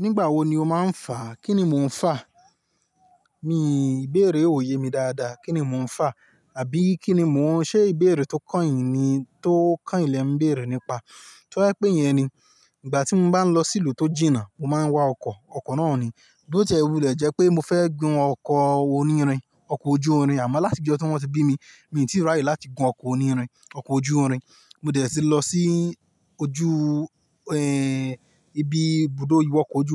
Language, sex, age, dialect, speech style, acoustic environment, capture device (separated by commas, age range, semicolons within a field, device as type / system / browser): Yoruba, male, 25-34, Nigeria - Ibadan, happy; relaxed; excited, nature, mobile / Linux / Chrome